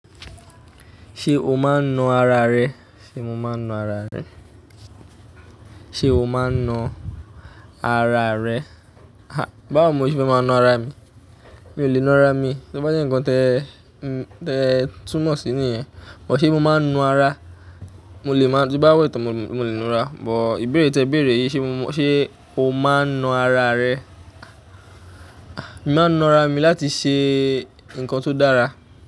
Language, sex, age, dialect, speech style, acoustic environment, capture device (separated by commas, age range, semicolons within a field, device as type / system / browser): Yoruba, male, 25-34, Nigeria - Ijebu, happy; excited; focused, nature, mobile / Linux / Chrome